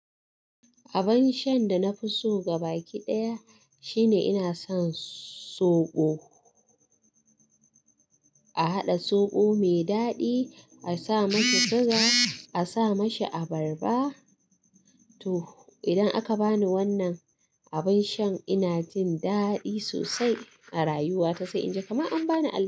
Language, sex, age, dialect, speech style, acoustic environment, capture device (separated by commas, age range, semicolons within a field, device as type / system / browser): Hausa, female, 25-34, Nigeria - Katsina, excited, silence, mobile / Linux / Chrome